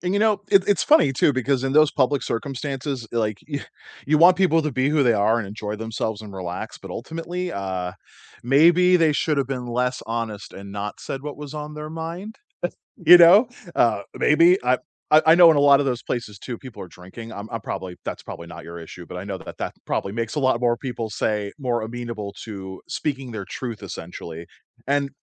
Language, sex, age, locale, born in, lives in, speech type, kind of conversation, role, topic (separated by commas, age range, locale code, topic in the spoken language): English, male, 35-39, en-US, United States, United States, conversation, unstructured, none, What does honesty mean to you in daily life?